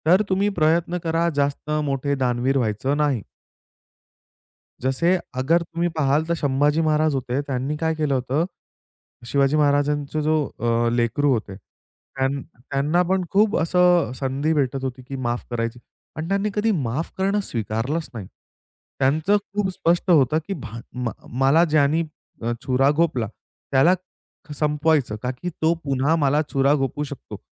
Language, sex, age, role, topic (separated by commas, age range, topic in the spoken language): Marathi, male, 25-29, guest, माफ करणे म्हणजे विसरणेच असते का, तुम्हाला काय वाटते?